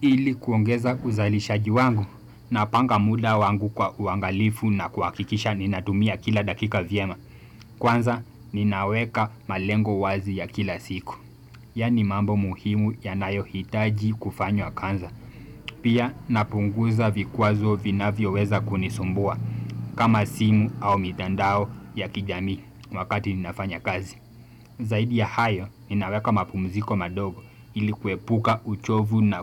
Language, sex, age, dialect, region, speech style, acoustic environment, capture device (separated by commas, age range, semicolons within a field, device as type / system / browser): Swahili, male, 18-24, Kenya - Mombasa, Kenya, focused, nature, desktop / Windows / Chrome